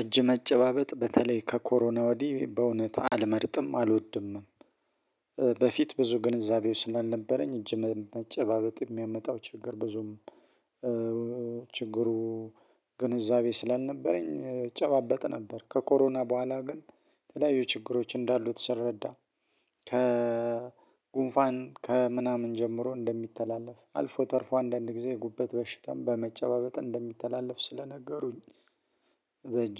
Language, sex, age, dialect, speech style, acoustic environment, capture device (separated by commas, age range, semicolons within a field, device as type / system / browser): Amharic, male, 35-44, Ethiopia - Addis Ababa, happy, silence, mobile / Linux / Chrome